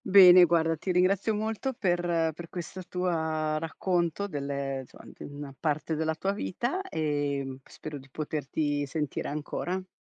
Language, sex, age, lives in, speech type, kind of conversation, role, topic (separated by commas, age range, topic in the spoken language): Italian, female, 50-54, Italy, conversation, podcast, host, Come hai deciso se seguire la tua passione o puntare sulla sicurezza economica?